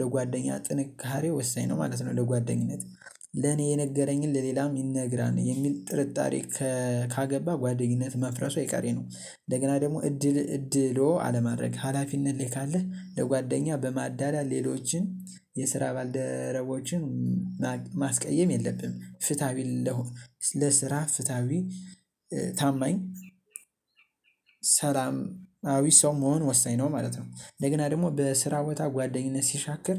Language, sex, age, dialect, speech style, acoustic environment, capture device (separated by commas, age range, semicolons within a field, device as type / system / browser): Amharic, male, 18-24, Ethiopia - Gondar, happy; excited; focused, nature; silence, mobile / Linux / Chrome